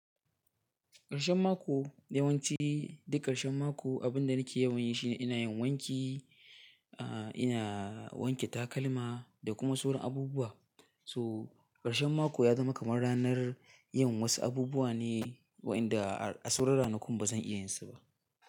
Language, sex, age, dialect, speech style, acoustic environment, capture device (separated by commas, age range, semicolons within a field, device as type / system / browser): Hausa, male, 18-24, Nigeria - Katsina, happy; anxious; relaxed; excited; focused; nervous, nature; silence, mobile / Linux / Chrome